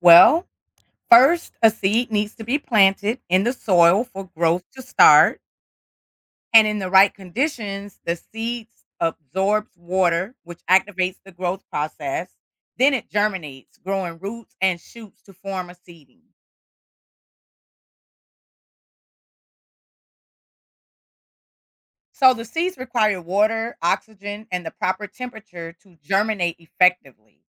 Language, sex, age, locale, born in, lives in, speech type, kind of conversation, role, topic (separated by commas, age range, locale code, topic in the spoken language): English, female, 55-59, en-US, United States, United States, read, expert-assistant, expert, How do seeds become plants?